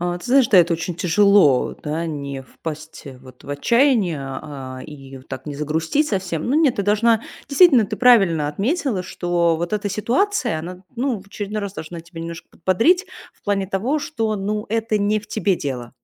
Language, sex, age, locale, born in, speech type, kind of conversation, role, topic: Russian, female, 35-39, ru-RU, Russia, conversation, advice, advisor, Почему я боюсь снова подавать заявки на работу после нескольких отказов?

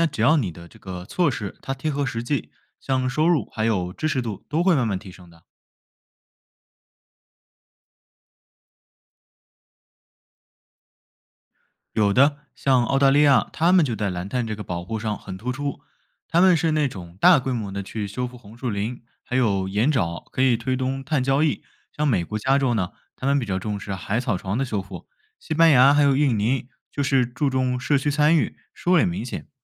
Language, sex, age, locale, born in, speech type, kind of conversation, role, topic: Chinese, male, 20-24, zh-CN, China, read, expert-assistant, expert, 我们可以用哪些新方法来保护海洋，让它更好地帮助地球应对气候变化？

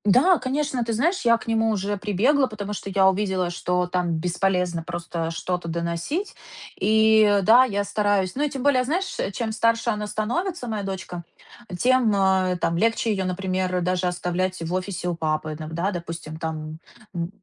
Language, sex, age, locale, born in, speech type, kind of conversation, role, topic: Russian, female, 30-34, ru-RU, Ukraine, conversation, advice, user, Как сохранить свои убеждения, не отталкивая людей в группе?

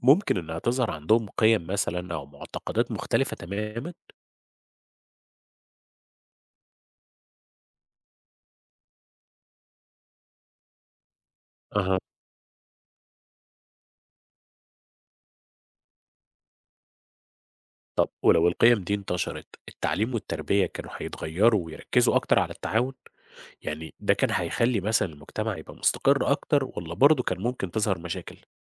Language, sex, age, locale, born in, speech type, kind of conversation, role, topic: Arabic, male, 30-34, ar-EG, Egypt, read, expert-assistant, asker, تفتكر إيه اللي كان هيحصل لو كان فيه نوع تاني من البشر (زي النياندرتال) لسه عايش معانا ومكمل لحد دلوقتي؟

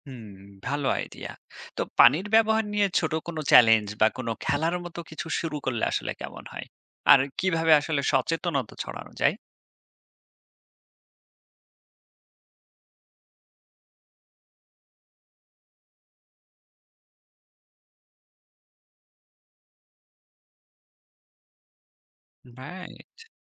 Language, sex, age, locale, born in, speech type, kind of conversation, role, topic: Bengali, male, 30-34, bn-BD, Bangladesh, read, expert-assistant, asker, ছোট পরিসরে পরিবেশ সংরক্ষণে সবাই কীভাবে অংশ নিতে পারে?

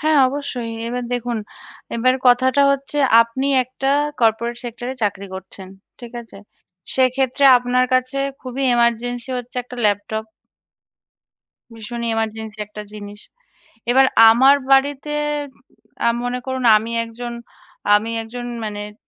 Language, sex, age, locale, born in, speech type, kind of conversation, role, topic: Bengali, female, 30-34, bn-IN, India, conversation, unstructured, none, আজকাল টাকা সঞ্চয় করা এত কঠিন মনে হয় কেন?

